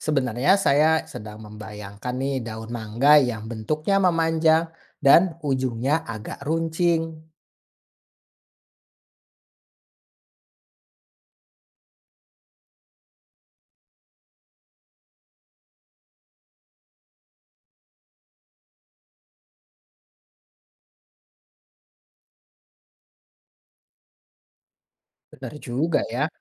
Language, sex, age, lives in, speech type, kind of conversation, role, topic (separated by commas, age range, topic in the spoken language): Indonesian, male, 30-34, Indonesia, read, expert-assistant, asker, Apa yang menyebabkan daun bergerak saat terkena angin?